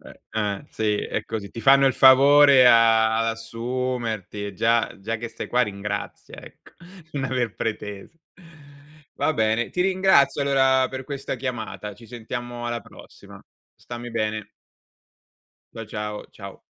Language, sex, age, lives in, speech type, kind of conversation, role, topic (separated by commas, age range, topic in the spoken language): Italian, male, 40-44, Italy, conversation, unstructured, none, Quale attività ti fa sentire più felice e realizzato?